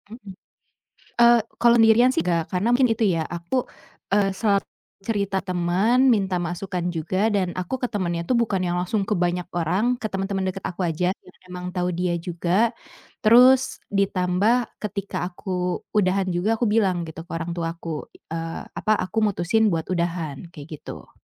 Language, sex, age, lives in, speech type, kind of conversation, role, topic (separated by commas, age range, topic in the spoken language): Indonesian, female, 25-29, Indonesia, conversation, podcast, guest, Apa keputusan sulit yang pernah kamu ambil, dan mengapa keputusan itu penting?